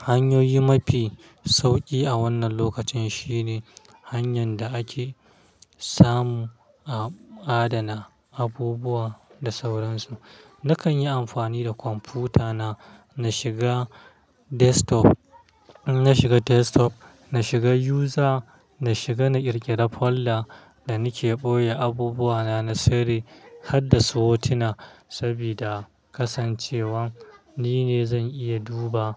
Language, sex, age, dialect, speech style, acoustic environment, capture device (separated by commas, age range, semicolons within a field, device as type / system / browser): Hausa, male, 25-34, Nigeria - Kano, stressed; happy, traffic; silence, mobile / Linux / Chrome